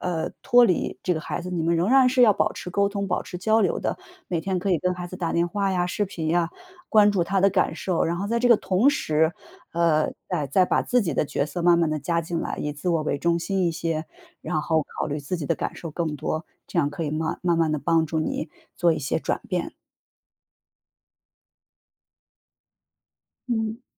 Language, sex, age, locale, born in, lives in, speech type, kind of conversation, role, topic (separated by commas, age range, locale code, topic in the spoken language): Chinese, female, 35-39, zh-CN, China, United States, conversation, advice, advisor, 孩子离家上学后，我为什么会感到失落和空虚？